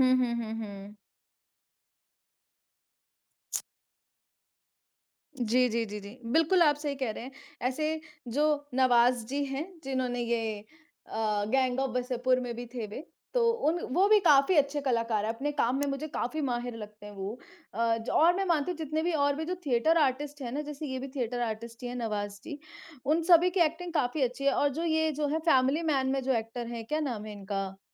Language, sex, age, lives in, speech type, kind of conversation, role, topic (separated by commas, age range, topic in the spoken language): Hindi, male, 30-34, India, conversation, unstructured, none, आपको कौन-सा कलाकार सबसे ज़्यादा पसंद है और क्यों?